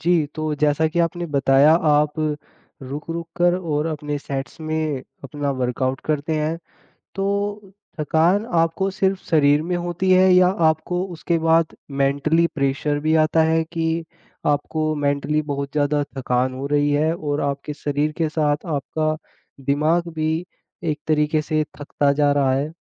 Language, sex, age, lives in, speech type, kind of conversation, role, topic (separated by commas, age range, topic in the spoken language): Hindi, male, 20-24, India, conversation, advice, advisor, वर्कआउट के बाद मुझे लगातार थकान क्यों महसूस होती है?